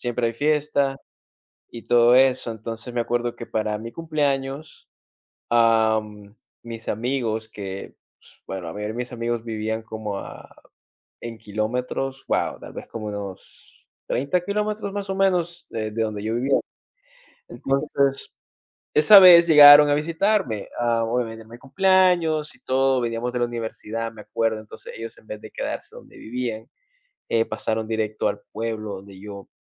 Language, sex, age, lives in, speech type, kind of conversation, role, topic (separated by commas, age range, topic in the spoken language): Spanish, male, 20-24, United States, conversation, unstructured, none, ¿Qué recuerdos felices tienes con tus amigos?